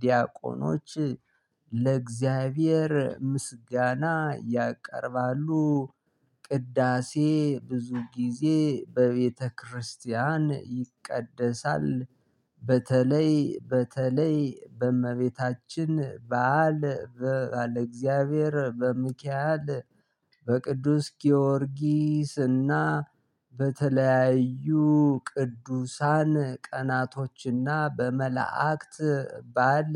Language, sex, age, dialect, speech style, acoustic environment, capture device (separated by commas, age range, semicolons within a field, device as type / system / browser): Amharic, male, 35-44, Ethiopia - Gondar, excited, silence, mobile / Linux / Chrome